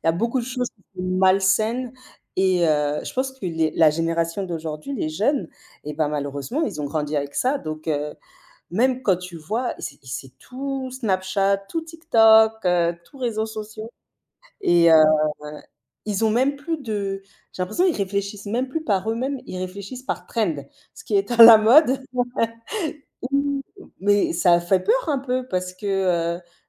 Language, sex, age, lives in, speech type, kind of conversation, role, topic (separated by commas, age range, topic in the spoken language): French, female, 35-39, Spain, conversation, unstructured, none, Comment la technologie change-t-elle ta vie quotidienne ?